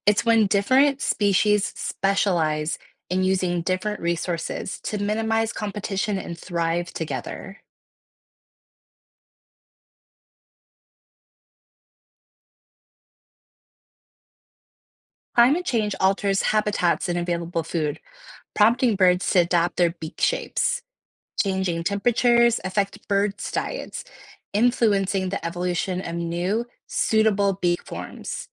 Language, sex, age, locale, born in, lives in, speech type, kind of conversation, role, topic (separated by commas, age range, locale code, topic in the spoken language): English, female, 30-34, en-US, United States, United States, read, expert-assistant, expert, Why do different birds have different beaks?